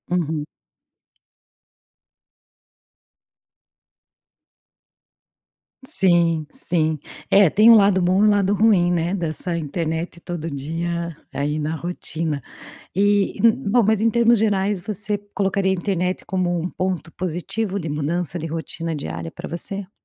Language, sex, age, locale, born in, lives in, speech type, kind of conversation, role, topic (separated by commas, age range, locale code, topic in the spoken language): Portuguese, female, 45-49, pt-BR, Brazil, Portugal, conversation, podcast, host, Como a internet mudou sua rotina diária?